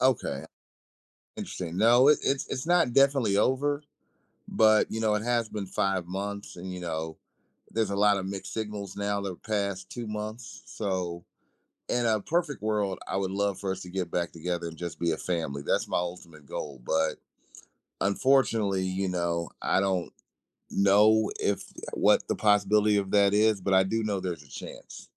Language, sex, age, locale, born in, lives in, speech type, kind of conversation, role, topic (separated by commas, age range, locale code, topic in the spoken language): English, male, 40-44, en-US, United States, United States, conversation, advice, user, How can I cope and start moving on after a recent breakup?